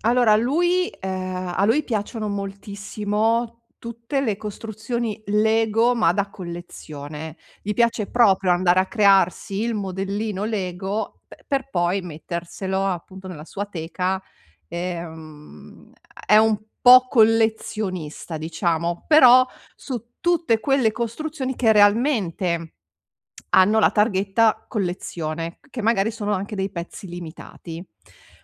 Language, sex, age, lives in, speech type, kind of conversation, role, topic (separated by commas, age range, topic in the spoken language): Italian, female, 40-44, Italy, conversation, advice, user, Come posso scegliere un regalo davvero speciale e significativo per qualcuno?